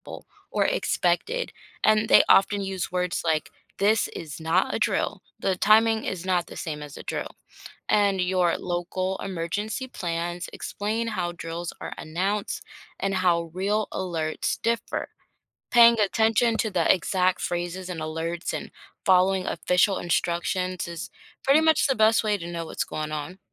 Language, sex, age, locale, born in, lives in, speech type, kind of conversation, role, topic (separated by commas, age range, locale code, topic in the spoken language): English, female, 25-29, en-US, United States, United States, read, expert-assistant, expert, What causes earthquakes and how do scientists measure them?